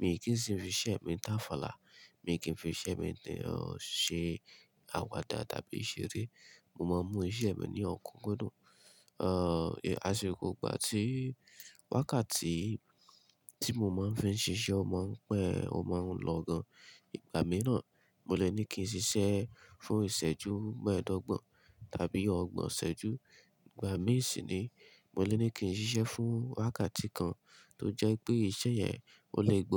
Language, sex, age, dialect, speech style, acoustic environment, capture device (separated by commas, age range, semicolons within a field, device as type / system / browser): Yoruba, male, 25-34, Nigeria - Ibadan, happy, nature, mobile / Linux / Chrome